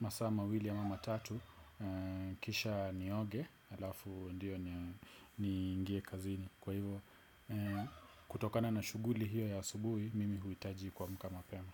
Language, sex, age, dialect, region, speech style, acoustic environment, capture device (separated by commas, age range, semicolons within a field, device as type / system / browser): Swahili, male, 25-34, Kenya - Nairobi Sheng-influenced, Kenya, relaxed; focused, silence, desktop / Windows / Edge